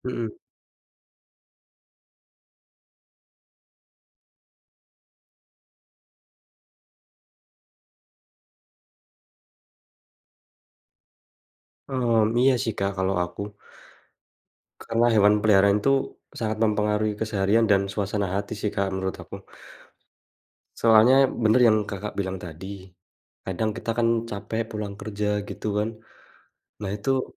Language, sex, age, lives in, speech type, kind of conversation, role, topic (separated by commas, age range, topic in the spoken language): Indonesian, male, 45-49, Indonesia, conversation, unstructured, none, Apa pengalaman paling berkesan yang pernah kamu alami bersama hewan peliharaan?